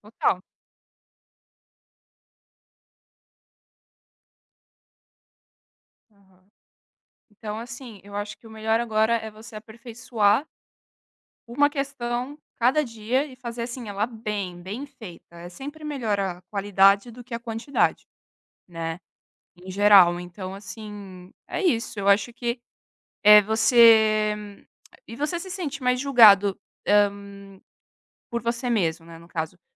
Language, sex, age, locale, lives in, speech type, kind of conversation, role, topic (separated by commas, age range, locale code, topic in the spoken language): Portuguese, female, 20-24, pt-BR, Italy, conversation, advice, advisor, Como você perdeu a motivação depois de fazer avanços iniciais?